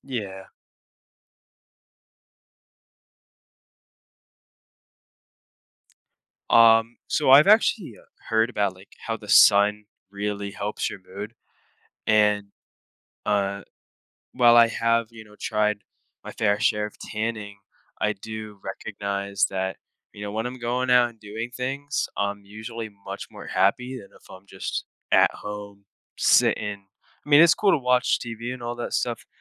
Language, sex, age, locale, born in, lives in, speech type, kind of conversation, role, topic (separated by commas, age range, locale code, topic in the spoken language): English, male, 18-19, en-US, United States, United States, conversation, podcast, guest, How does spending time in nature impact your life and well-being?